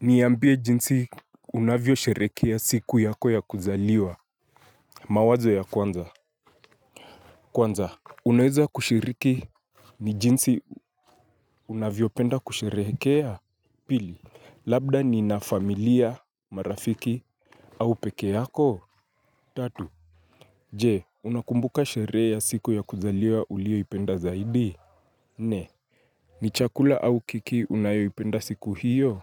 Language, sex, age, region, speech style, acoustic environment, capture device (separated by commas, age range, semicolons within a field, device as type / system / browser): Swahili, male, 25-34, Kenya, focused, nature, mobile / Linux / Chrome